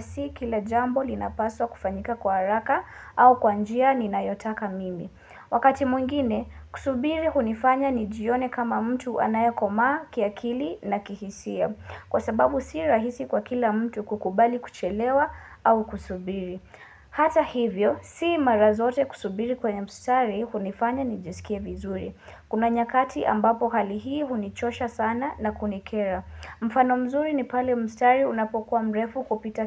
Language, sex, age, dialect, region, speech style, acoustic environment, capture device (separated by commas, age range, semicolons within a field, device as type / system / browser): Swahili, female, 18-24, Kenya - Nairobi Sheng-influenced, Kenya, focused, silence, mobile / Linux / Chrome